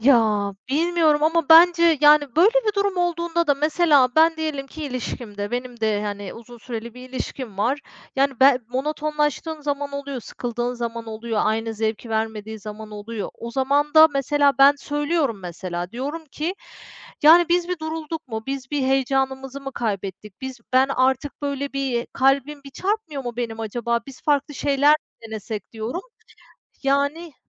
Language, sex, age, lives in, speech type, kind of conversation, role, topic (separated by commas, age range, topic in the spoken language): Turkish, female, 35-39, Spain, conversation, unstructured, none, Sadakat bir ilişkide senin için ne kadar önemlidir?